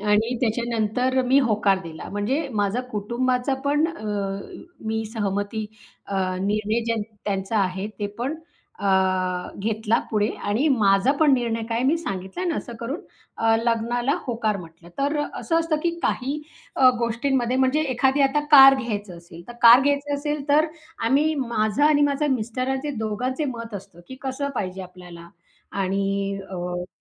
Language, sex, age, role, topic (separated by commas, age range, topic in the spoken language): Marathi, female, 35-39, guest, तुम्ही निर्णय पटकन घेता की थोडा वेळ घेऊन शांतपणे विचार करून मग घेता?